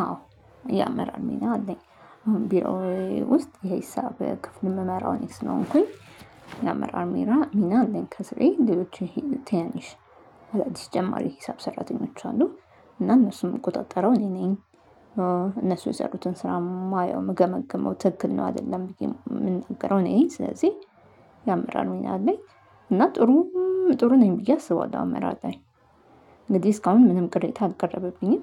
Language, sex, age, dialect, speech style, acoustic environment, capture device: Amharic, female, 35-44, Ethiopia - Addis Ababa, focused, nature, mobile / Linux / Chrome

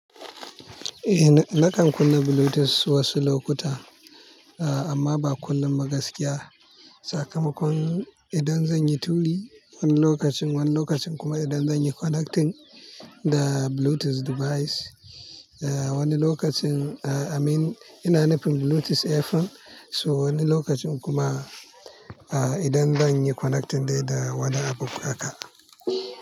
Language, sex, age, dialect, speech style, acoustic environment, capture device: Hausa, male, 25-34, Nigeria - Kano, excited; focused, nature, mobile / Linux / Chrome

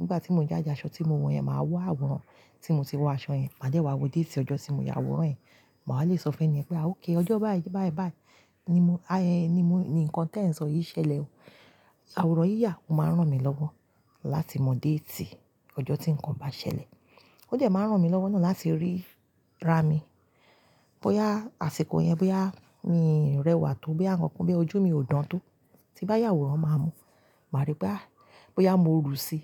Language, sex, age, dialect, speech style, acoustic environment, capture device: Yoruba, female, 35-44, Nigeria - Lagos Yoruba, excited, silence, mobile / Linux / Chrome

